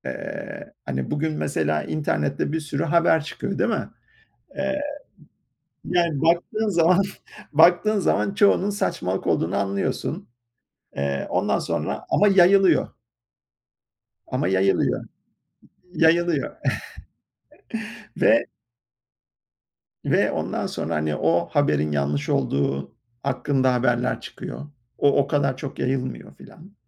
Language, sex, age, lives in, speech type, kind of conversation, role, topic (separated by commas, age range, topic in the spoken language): Turkish, male, 50-54, United States, conversation, unstructured, none, Din, insanları neden bazen ikiye böler?